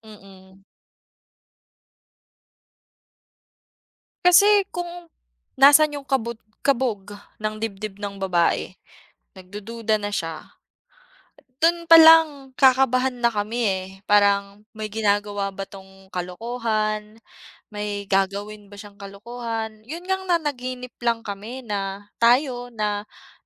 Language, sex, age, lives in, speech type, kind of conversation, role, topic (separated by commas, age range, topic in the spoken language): Filipino, female, 25-29, Philippines, conversation, unstructured, none, Paano mo nalalaman kung tunay ang pagmamahal ng isang tao?